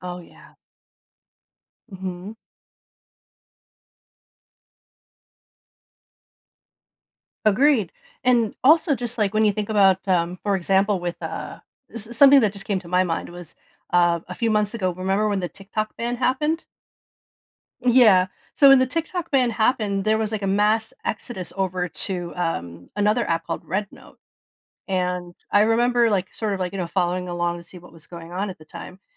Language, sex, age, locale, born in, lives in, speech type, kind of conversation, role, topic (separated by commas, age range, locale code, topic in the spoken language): English, female, 40-44, en-US, United States, United States, conversation, podcast, host, Why is it important to learn from history when making decisions today?